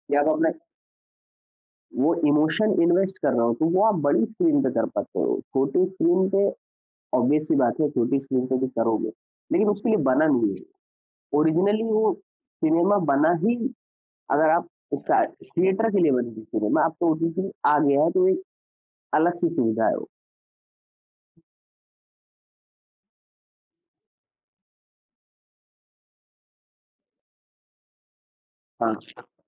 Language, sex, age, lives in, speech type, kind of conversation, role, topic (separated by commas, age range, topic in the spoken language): Hindi, male, 25-29, India, conversation, podcast, guest, Streaming release aur theatre release mein se aapki preference kya hai, aur kyun?